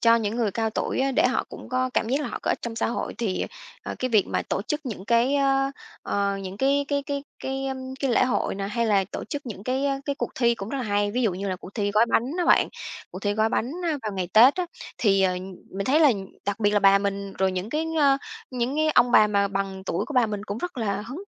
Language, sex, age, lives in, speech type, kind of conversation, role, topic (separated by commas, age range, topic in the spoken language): Vietnamese, female, 30-34, Vietnam, conversation, podcast, guest, Làm sao để người cao tuổi không bị cô lập trong xã hội?